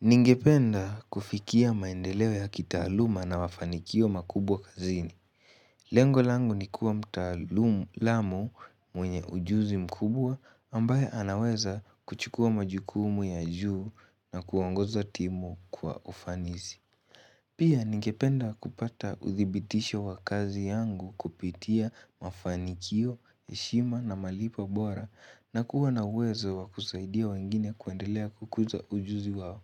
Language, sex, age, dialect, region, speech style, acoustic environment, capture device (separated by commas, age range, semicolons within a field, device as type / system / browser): Swahili, male, 18-24, Kenya - Nairobi Sheng-influenced, Kenya, excited, nature; people talking, mobile / Linux / Chrome